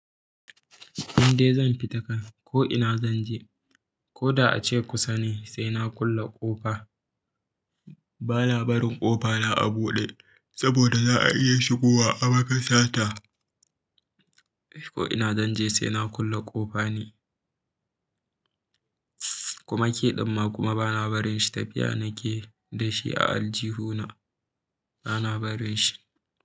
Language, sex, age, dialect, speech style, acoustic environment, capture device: Hausa, male, 18-24, Nigeria - Kano, happy, silence, mobile / Linux / Chrome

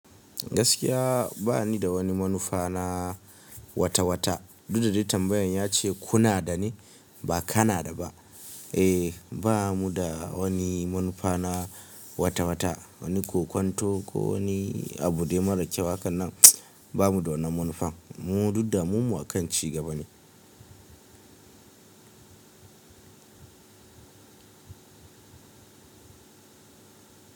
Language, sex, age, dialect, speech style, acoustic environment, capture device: Hausa, male, 25-34, Nigeria - Kano, relaxed, nature; silence, mobile / Linux / Chrome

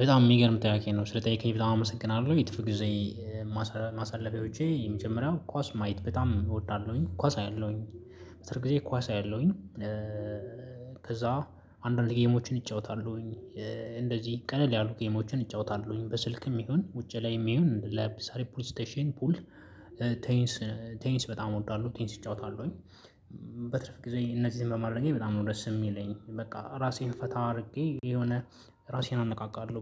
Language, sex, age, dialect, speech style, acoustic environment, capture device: Amharic, male, 25-34, Ethiopia - Addis Ababa, focused, silence, mobile / Linux / Chrome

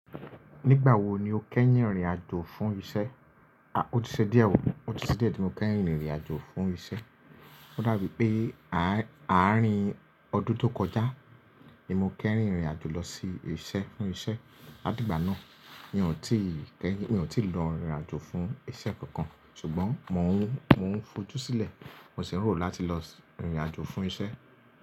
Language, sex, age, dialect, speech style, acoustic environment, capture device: Yoruba, male, 25-34, Nigeria - Lagos Yoruba, relaxed, appliances, mobile / Linux / Chrome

